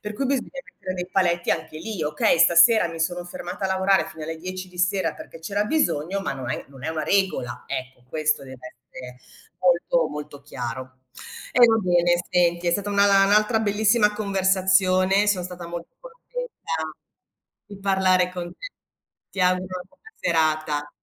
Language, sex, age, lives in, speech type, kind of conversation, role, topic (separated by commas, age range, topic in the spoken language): Italian, female, 55-59, Italy, conversation, unstructured, none, Cosa fai quando qualcuno non rispetta i tuoi limiti?